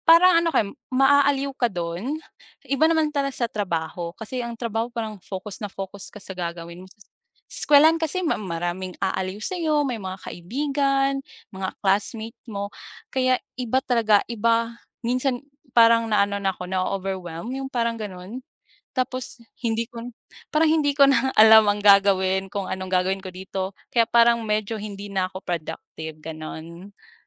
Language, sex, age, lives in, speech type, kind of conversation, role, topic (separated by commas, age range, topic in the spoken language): Filipino, female, 25-29, Philippines, conversation, unstructured, none, Paano mo karaniwang nilalampasan ang stress sa araw-araw?